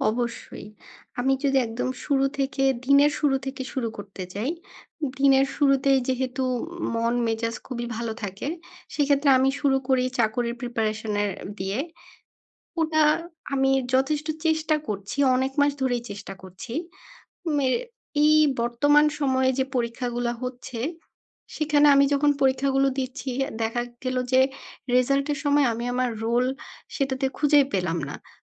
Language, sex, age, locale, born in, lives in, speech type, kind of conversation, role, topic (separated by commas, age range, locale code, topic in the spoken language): Bengali, female, 25-29, bn-BD, Bangladesh, Bangladesh, conversation, advice, user, প্রত্যাশা পূরণ না হলে কীভাবে আবার আশা ফিরে পেতে পারি?